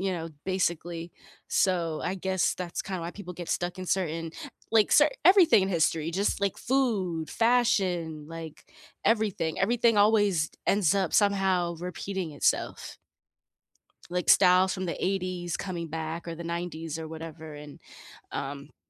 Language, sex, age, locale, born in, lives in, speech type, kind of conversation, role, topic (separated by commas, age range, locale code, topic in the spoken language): English, female, 30-34, en-US, United States, United States, conversation, unstructured, none, What can we learn from past mistakes in history?